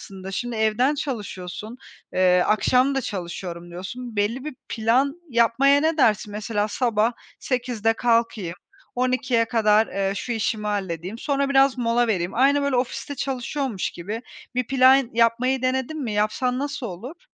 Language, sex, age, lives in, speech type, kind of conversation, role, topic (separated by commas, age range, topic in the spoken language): Turkish, female, 30-34, Spain, conversation, advice, advisor, Tükenmişlik sonrası işe dönerken iş ve dinlenme dengesini nasıl kurabilirim?